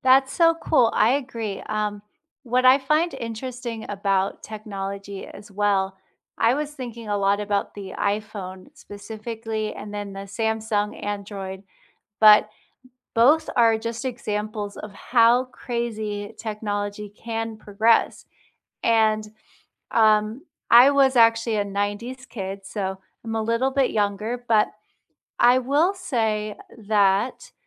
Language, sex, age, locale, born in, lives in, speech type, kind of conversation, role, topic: English, female, 35-39, en-US, United States, United States, conversation, unstructured, none, What surprises you most about how quickly technology changes?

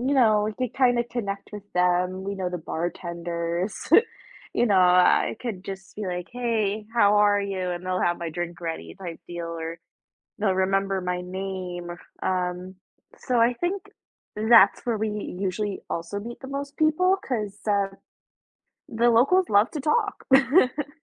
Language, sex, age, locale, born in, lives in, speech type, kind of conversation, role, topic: English, female, 20-24, en-US, United States, United States, conversation, unstructured, none, How can you explore nearby in smart, low-impact ways that build local connections?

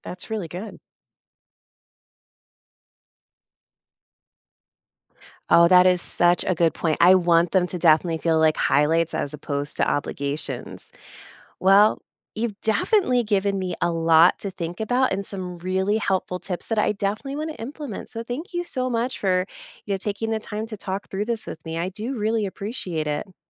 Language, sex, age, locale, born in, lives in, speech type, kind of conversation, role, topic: English, female, 35-39, en-US, United States, United States, read, expert-assistant, asker, How much of a trip should be planned ahead?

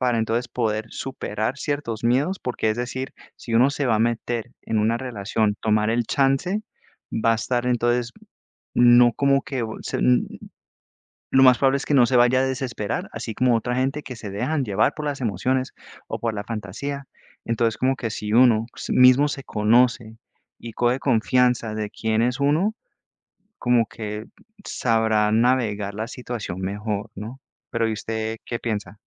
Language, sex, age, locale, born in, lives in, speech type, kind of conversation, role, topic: Spanish, male, 30-34, es-CO, United States, United States, conversation, unstructured, none, ¿Qué es lo que más te da miedo al comenzar una nueva relación?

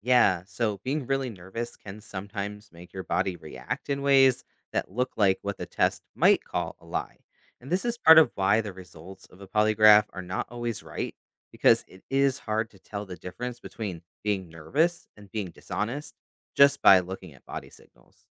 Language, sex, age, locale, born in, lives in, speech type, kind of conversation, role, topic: English, male, 35-39, en-US, United States, United States, read, expert-assistant, expert, How does a lie detector test work?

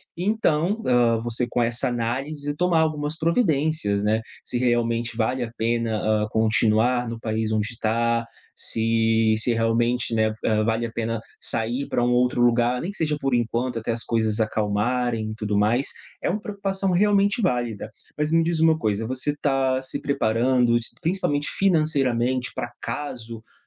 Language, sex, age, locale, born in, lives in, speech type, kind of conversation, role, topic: Portuguese, male, 30-34, pt-BR, Brazil, Portugal, conversation, advice, advisor, Como posso evitar me sentir sobrecarregado(a) quando tudo parece incerto e fora do meu controle?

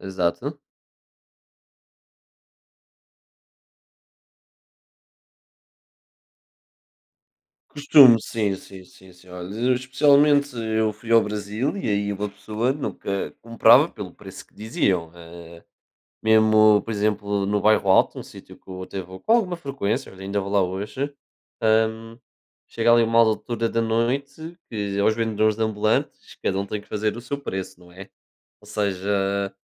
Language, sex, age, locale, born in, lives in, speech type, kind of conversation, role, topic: Portuguese, male, 20-24, pt-PT, Portugal, Portugal, conversation, unstructured, none, Você acha que sempre vale a pena tentar negociar?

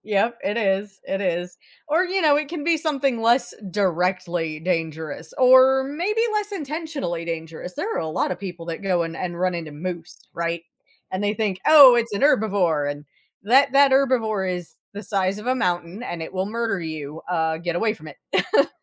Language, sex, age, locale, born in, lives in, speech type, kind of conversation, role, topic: English, female, 35-39, en-US, United States, United States, conversation, podcast, host, How do meaningful connections and new experiences shape our most memorable travels?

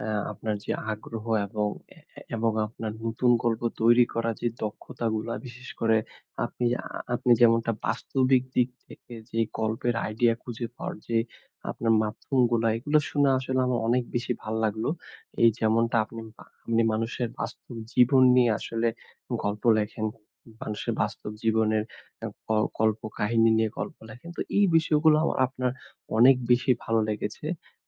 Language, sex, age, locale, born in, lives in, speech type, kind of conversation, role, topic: Bengali, male, 20-24, bn-BD, Bangladesh, Bangladesh, conversation, podcast, host, আপনি নতুন গল্পের ভাবনা কীভাবে খুঁজে পান?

